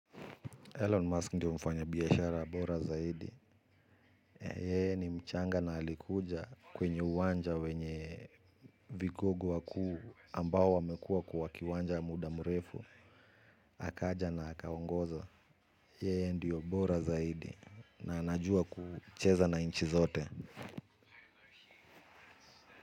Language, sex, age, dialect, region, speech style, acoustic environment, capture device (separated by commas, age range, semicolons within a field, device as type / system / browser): Swahili, male, 35-44, Kenya - Nairobi Sheng-influenced, Kenya, relaxed, people talking, mobile / Linux / Chrome